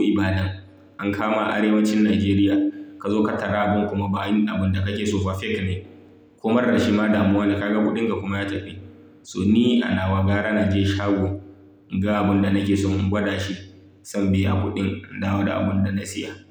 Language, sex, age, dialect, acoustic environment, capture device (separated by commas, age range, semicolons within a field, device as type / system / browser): Hausa, male, 25-34, Nigeria - Kano, silence, mobile / Linux / Chrome